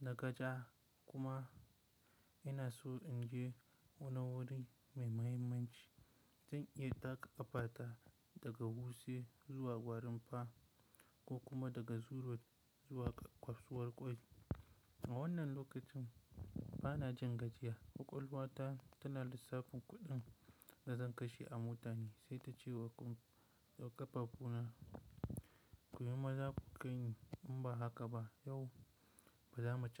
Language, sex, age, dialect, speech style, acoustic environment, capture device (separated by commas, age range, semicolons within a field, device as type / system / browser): Hausa, male, 18-24, Nigeria - Kano, focused, nature, mobile / Linux / Chrome